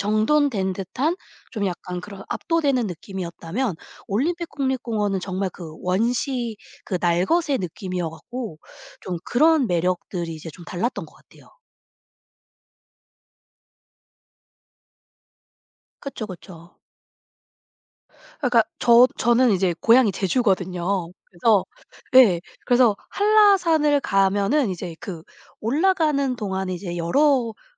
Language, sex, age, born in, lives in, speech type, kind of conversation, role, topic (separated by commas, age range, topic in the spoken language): Korean, female, 40-44, South Korea, United States, conversation, podcast, guest, 자연 앞에서 겸손함을 느낀 경험이 있나요?